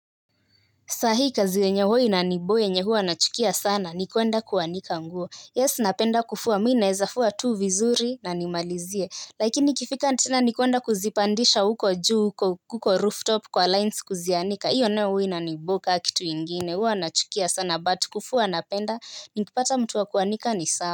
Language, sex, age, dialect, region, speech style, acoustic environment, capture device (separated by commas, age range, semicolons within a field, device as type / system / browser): Swahili, female, 18-24, Kenya - Nairobi Sheng-influenced, Kenya, excited, nature, mobile / Linux / Chrome